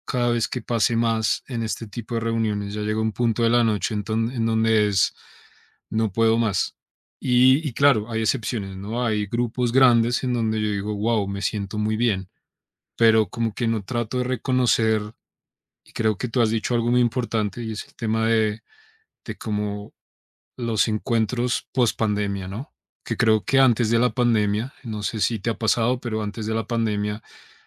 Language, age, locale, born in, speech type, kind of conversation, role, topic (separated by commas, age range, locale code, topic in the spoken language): Spanish, 30-34, es-CO, Colombia, conversation, advice, user, ¿Cómo puedo actuar de forma extrovertida en reuniones aunque me sienta introvertido?